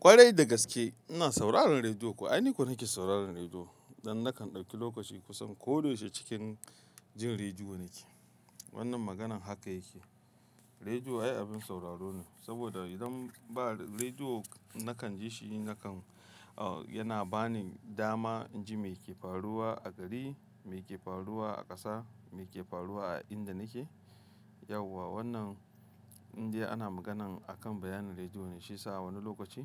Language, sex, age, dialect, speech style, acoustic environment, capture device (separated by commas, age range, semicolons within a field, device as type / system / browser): Hausa, male, 25-34, Nigeria - Kano, happy, traffic, mobile / Linux / Chrome